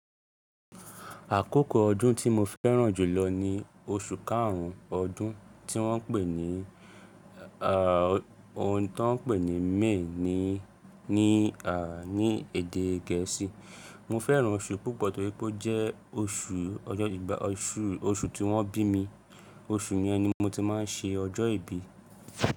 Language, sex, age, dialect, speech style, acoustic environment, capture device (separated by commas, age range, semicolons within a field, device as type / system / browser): Yoruba, male, 25-34, Nigeria - Lagos Yoruba, happy; excited; focused, people talking; construction; nature, mobile / Linux / Chrome